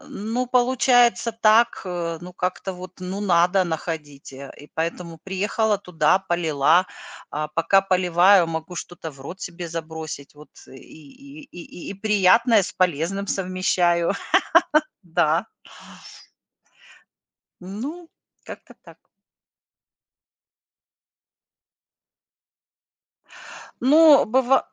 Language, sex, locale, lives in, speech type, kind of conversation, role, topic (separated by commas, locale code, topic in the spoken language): Russian, female, ru-RU, United States, conversation, podcast, guest, Как находить время для отдыха при плотном графике?